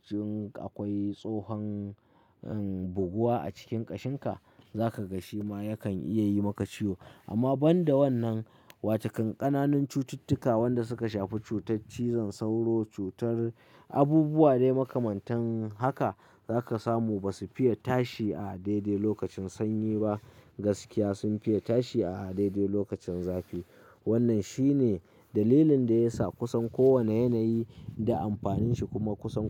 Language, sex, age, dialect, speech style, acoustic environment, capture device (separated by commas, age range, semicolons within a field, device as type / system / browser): Hausa, male, 25-34, Nigeria - Kano, happy, people talking, mobile / Linux / Chrome